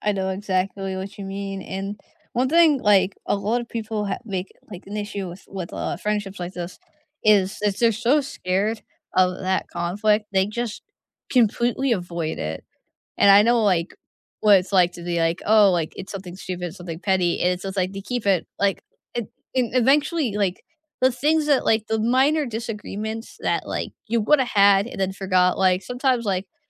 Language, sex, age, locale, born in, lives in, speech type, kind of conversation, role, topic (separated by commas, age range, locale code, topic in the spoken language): English, female, 20-24, en-US, United States, United States, conversation, unstructured, none, What is the best way to handle a disagreement with a close friend?